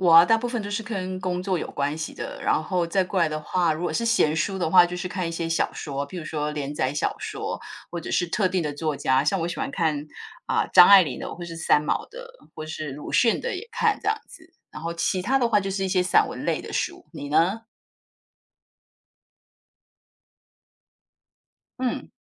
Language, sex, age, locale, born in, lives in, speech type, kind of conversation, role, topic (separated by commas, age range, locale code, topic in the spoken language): Chinese, female, 50-54, zh-TW, Taiwan, United States, conversation, unstructured, none, 你认为纸质书和电子书哪个阅读体验更好？